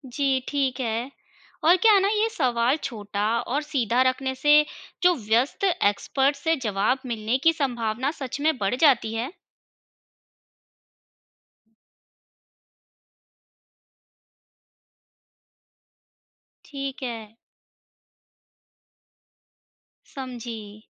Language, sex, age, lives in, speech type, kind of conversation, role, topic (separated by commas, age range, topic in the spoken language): Hindi, female, 35-39, India, read, expert-assistant, asker, अगर मुझे एक अनोखी कहानी लिखनी है, तो उसके लिए जानकारी कहाँ से ढूँढूँ?